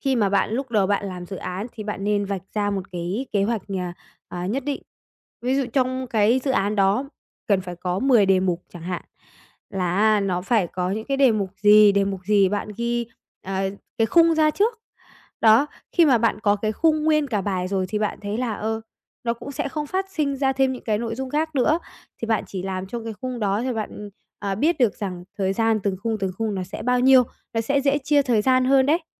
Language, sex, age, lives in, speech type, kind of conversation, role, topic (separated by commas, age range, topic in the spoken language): Vietnamese, female, 45-49, Vietnam, conversation, advice, advisor, Vì sao bạn thường xuyên ước lượng sai thời gian hoàn thành nhiệm vụ?